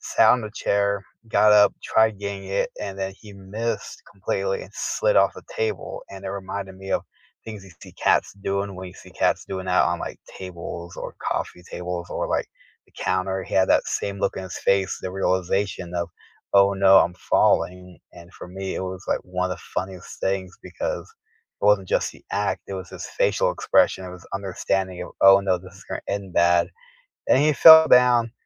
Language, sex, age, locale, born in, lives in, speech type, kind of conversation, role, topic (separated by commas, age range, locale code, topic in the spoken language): English, male, 30-34, en-US, United States, United States, conversation, unstructured, none, What was the last moment that made you genuinely laugh out loud, and what does it reveal about your sense of humor?